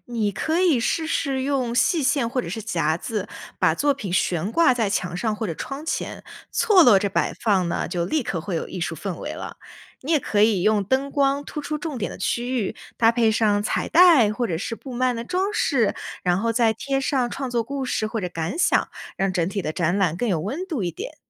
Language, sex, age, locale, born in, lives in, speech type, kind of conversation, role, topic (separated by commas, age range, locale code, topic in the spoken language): Chinese, female, 20-24, zh-CN, China, United States, read, expert-assistant, expert, 想更好地体验和学习中国的民间艺术，比如剪纸或者糖画，有哪些简单又有趣的方法可以试试？